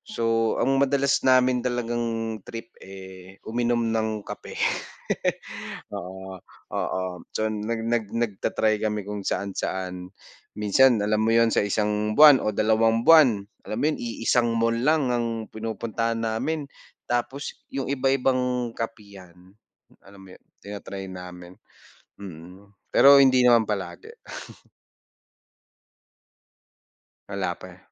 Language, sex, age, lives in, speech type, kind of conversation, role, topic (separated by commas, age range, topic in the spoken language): Filipino, male, 25-29, Philippines, conversation, unstructured, none, Ano ang pinakamasayang alaala mo habang ginagawa ang paborito mong libangan?